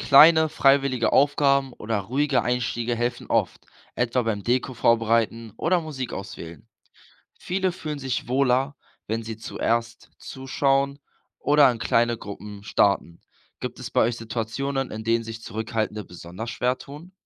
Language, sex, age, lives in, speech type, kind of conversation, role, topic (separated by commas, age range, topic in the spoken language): German, male, 18-19, Germany, read, expert-assistant, expert, Wie könnten Feiertage aus verschiedenen Religionen neue Ideen für gemeinsames Feiern in unserer Gesellschaft bringen?